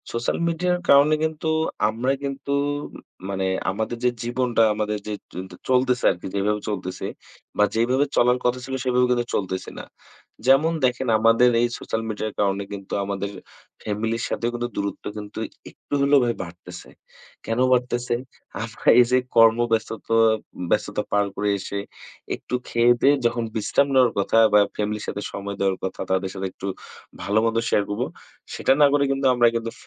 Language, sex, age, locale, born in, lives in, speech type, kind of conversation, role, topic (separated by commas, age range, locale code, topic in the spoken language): Bengali, male, 20-24, bn-BD, Bangladesh, Bangladesh, conversation, unstructured, none, আপনি কি মনে করেন সামাজিক যোগাযোগমাধ্যম আমাদের যোগাযোগের ধরন বদলে দিয়েছে?